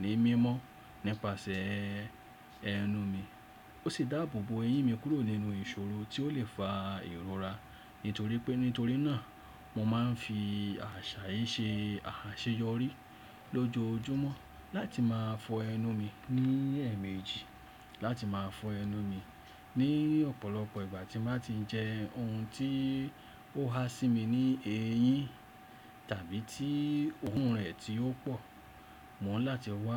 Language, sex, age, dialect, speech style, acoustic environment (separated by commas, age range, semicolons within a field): Yoruba, male, 35-44, Nigeria - Lagos Yoruba, relaxed; focused; excited, silence